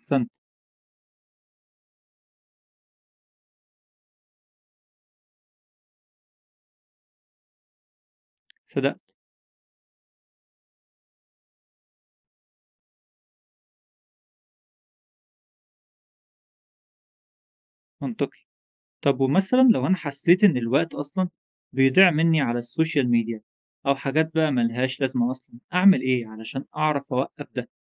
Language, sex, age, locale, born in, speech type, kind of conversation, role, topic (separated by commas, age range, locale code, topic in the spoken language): Arabic, male, 20-24, ar-EG, Egypt, read, expert-assistant, asker, كيف يساعد تنظيم الوقت في زيادة الإنتاجية؟